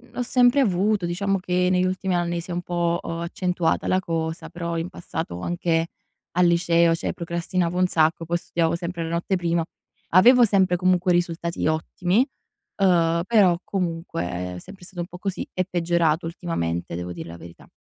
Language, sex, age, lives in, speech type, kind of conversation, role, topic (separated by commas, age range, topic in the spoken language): Italian, female, 20-24, Italy, conversation, advice, user, Come posso bilanciare il tempo libero con gli impegni quotidiani?